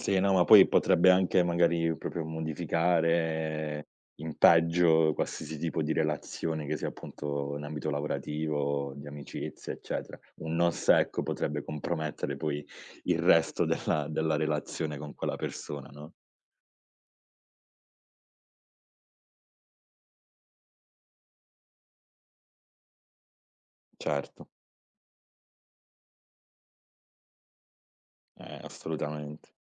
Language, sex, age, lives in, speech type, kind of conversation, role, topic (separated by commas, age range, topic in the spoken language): Italian, male, 30-34, Italy, conversation, podcast, host, Come fai a dire di no senza sentirti in colpa?